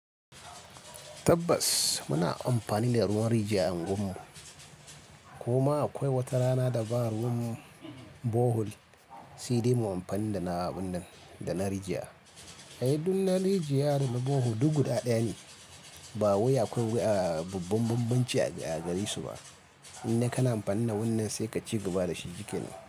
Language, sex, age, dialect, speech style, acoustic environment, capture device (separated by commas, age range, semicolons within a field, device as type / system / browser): Hausa, male, 25-34, Nigeria - Kano, happy; focused, silence, mobile / Linux / Chrome